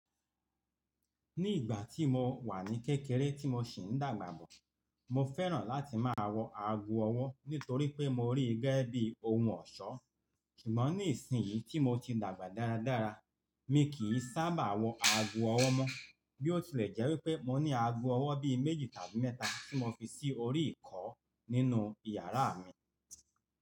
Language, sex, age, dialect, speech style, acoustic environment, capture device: Yoruba, male, 45-54, Nigeria - Lagos Yoruba, focused, appliances, mobile / Linux / Chrome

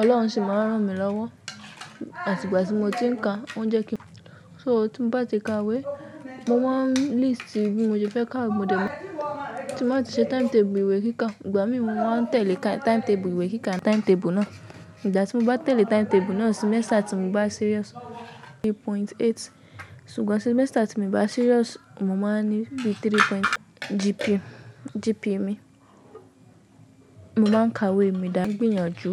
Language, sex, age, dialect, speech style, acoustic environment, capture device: Yoruba, female, 18-24, Nigeria - Ibadan, relaxed, people talking, mobile / macOS / Safari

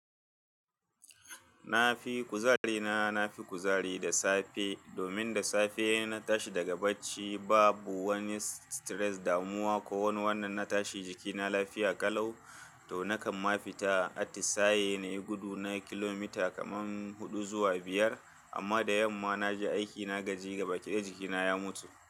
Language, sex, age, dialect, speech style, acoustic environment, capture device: Hausa, male, 35-44, Nigeria - Kano, happy, people talking, desktop / Linux / Chrome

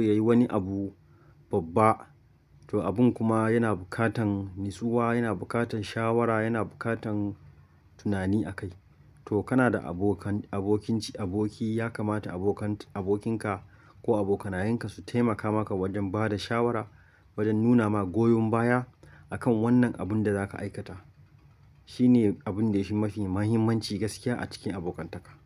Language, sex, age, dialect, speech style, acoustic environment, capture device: Hausa, male, 25-34, Nigeria - Kano, happy; focused, nature, mobile / Linux / Chrome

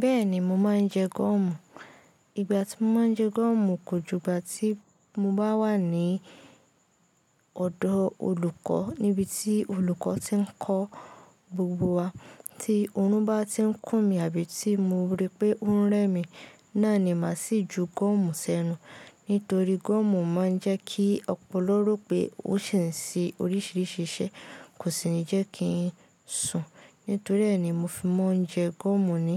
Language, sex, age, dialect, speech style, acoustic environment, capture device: Yoruba, female, 18-24, Nigeria - Ibadan, relaxed, silence, mobile / Linux / Chrome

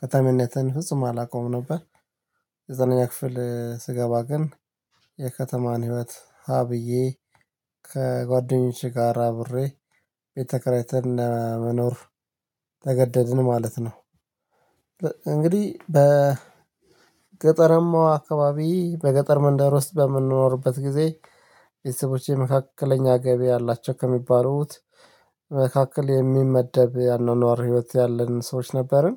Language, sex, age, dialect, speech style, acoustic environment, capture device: Amharic, male, 35-44, Ethiopia - Addis Ababa, excited; focused, silence, mobile / Linux / Chrome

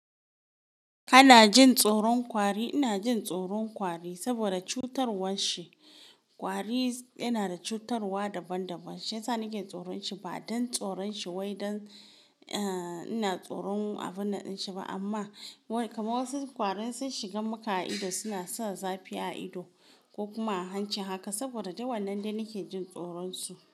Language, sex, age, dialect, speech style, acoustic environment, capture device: Hausa, female, 18-24, Nigeria - Kano, happy; focused, silence, mobile / Linux / Chrome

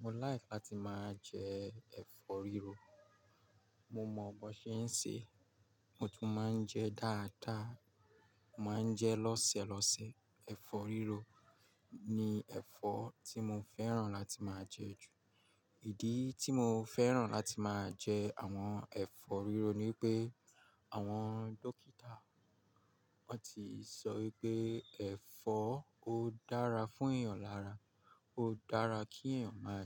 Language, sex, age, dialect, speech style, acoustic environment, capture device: Yoruba, male, 35-44, Nigeria - Lagos Yoruba, focused, silence, mobile / Linux / Chrome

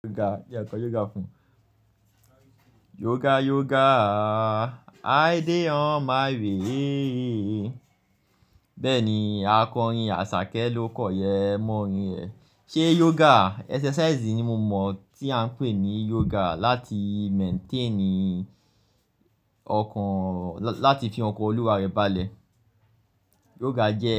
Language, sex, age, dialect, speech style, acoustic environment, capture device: Yoruba, male, 25-34, Nigeria - Lagos Yoruba, focused, construction, mobile / Linux / Chrome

